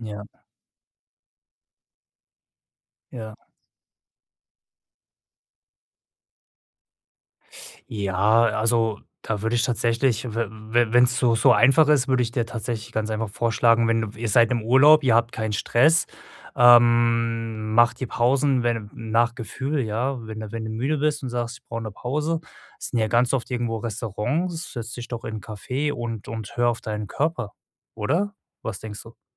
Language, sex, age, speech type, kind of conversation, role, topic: German, male, 35-39, conversation, advice, advisor, Wie kann ich Pausen besser nutzen, um mehr Energie zu haben und mich besser zu konzentrieren?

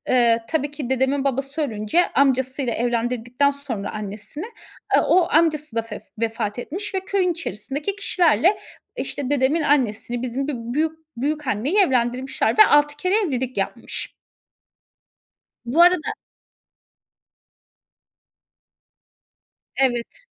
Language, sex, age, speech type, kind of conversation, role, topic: Turkish, female, 30-34, conversation, podcast, guest, Aile içinde etkili iletişim nasıl kurulur?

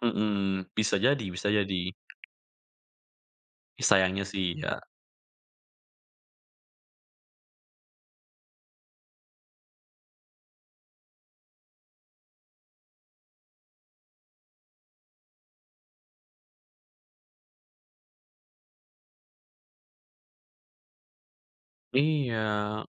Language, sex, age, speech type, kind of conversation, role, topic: Indonesian, male, 20-24, conversation, podcast, guest, Mengapa banyak orang suka mengikuti kehidupan sehari-hari influencer?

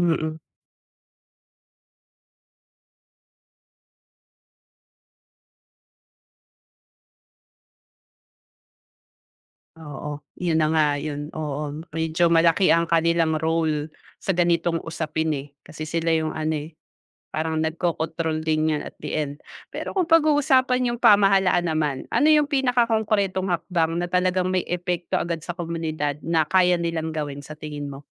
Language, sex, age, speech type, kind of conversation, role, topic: Filipino, female, 35-39, conversation, podcast, host, Bakit natin dapat iwasan ang plastik na isang beses lang ginagamit?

